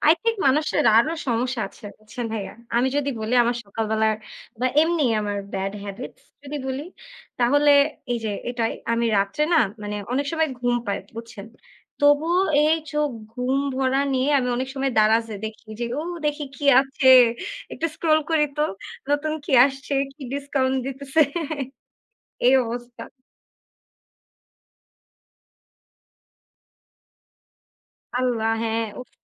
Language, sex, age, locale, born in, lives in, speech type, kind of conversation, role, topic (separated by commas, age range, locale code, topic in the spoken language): Bengali, female, 20-24, bn-BD, Bangladesh, Bangladesh, conversation, unstructured, none, আপনার দৈনন্দিন জীবনে আপনার কোন অভ্যাসটি সবচেয়ে বেশি বিরক্তিকর বলে মনে হয়?